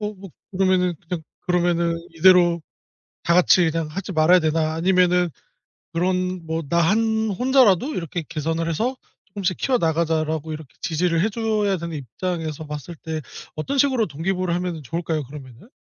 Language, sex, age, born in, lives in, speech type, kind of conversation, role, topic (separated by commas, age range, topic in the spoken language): Korean, male, 30-34, South Korea, South Korea, conversation, podcast, host, 개인이 기후 행동에 참여하려면 무엇부터 시작해야 하나요?